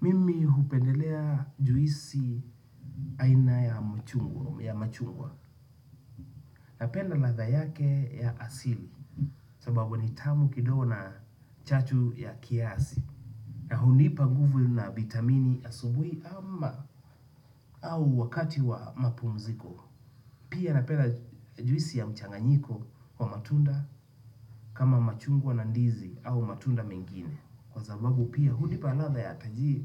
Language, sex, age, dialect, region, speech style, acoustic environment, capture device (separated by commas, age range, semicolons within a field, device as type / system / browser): Swahili, male, 25-34, Kenya - Nairobi Sheng-influenced, Kenya, anxious, nature, desktop / Windows / Chrome